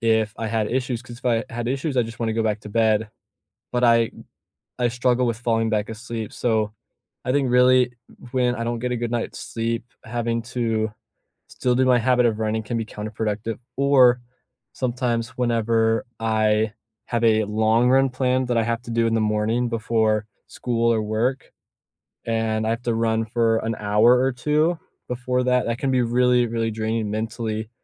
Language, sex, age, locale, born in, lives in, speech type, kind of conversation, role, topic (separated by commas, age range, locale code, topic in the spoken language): English, male, 18-19, en-US, United States, United States, conversation, unstructured, none, What habit could you redesign so your days feel lighter and still move you toward what you want?